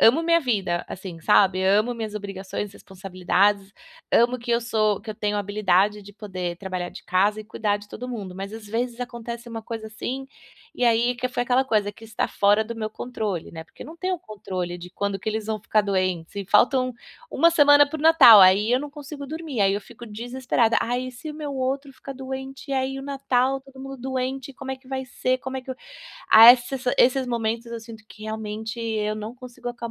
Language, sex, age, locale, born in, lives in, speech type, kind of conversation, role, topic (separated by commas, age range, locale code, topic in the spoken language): Portuguese, female, 35-39, pt-BR, Brazil, United States, conversation, advice, user, Como posso desligar a mente das preocupações para descansar?